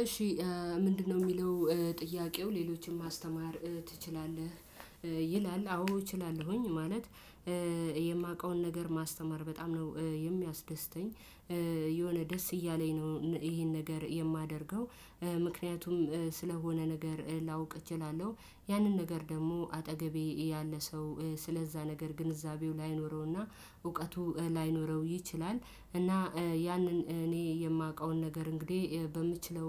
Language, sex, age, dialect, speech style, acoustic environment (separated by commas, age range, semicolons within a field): Amharic, female, 25-34, Ethiopia - Addis Ababa, happy, silence